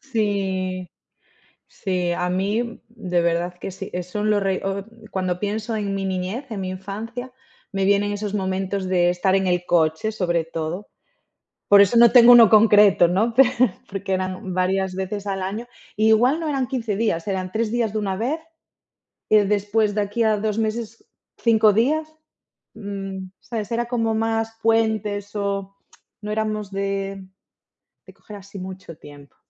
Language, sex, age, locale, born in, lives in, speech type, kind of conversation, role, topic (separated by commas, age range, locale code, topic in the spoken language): Spanish, female, 30-34, es-ES, Spain, Portugal, conversation, unstructured, none, ¿Cuál es tu recuerdo más feliz de la infancia?